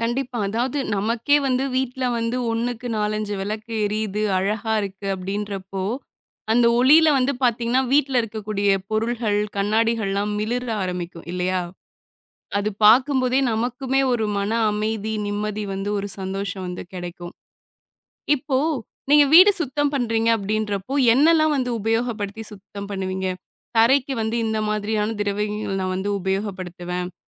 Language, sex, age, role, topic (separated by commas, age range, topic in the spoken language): Tamil, female, 25-29, host, தீபாவளிக்கு முன்னால் வீட்டை சுத்தம் செய்ய நீங்கள் பின்பற்றும் முறையென்ன?